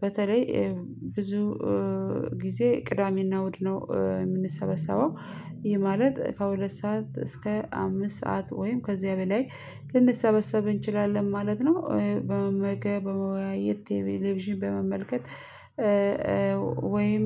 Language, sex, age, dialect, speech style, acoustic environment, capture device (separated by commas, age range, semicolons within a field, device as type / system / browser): Amharic, female, 25-34, Ethiopia - Addis Ababa, stressed, people talking, mobile / Linux / Chrome